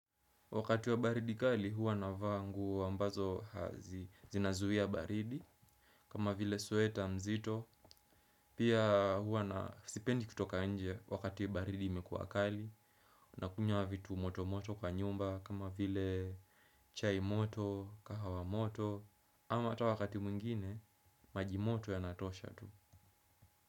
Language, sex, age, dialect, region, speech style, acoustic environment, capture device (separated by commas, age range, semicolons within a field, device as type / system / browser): Swahili, male, 18-24, Kenya - Mombasa, Kenya, relaxed, silence, desktop / Windows / Chrome